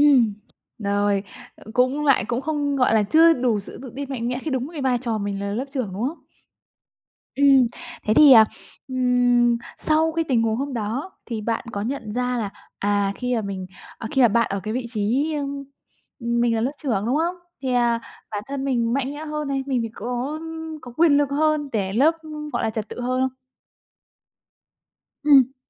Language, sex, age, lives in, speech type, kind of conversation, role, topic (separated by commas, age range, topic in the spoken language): Vietnamese, female, 25-29, Vietnam, conversation, podcast, host, Bạn hãy kể về một thầy hoặc cô đã khiến bạn thay đổi như thế nào?